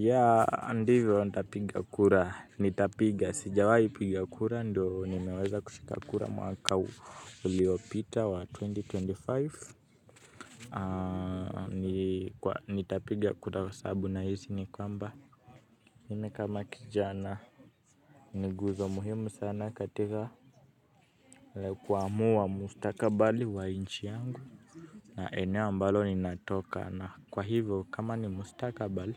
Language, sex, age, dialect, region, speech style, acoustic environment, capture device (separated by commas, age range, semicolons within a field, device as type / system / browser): Swahili, male, 18-24, Kenya - Nairobi Sheng-influenced, Kenya, happy, music, mobile / Linux / Chrome